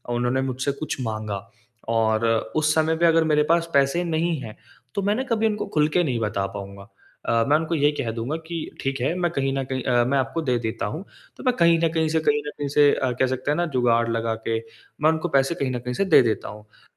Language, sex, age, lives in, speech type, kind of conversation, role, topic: Hindi, male, 20-24, India, conversation, advice, user, मुझे पैसों पर बात करने में असहजता क्यों होती है, और मैं अपनी बातचीत कैसे सुधार सकता/सकती हूँ?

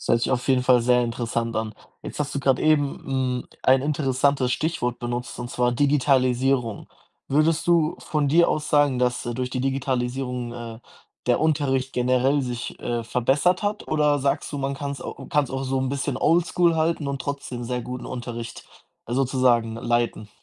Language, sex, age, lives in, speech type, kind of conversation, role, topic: German, male, 20-24, Germany, conversation, podcast, host, Was macht für dich guten Unterricht aus?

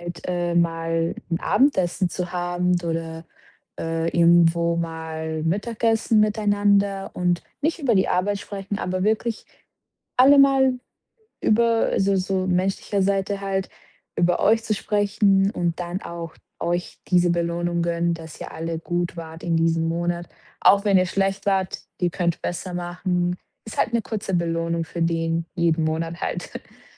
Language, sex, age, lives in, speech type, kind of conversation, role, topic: German, female, 25-29, Portugal, conversation, advice, advisor, Wie beschreibst du deine neue Rolle als Teamleiterin oder Teamleiter?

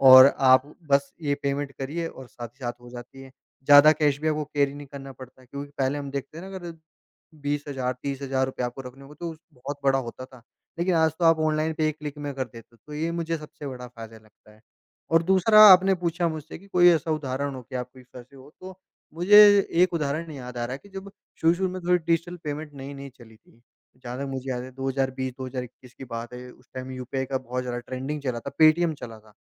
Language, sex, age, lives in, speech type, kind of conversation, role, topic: Hindi, male, 45-49, India, conversation, podcast, guest, आपके मुताबिक डिजिटल भुगतान के फायदे और परेशानियाँ क्या हैं?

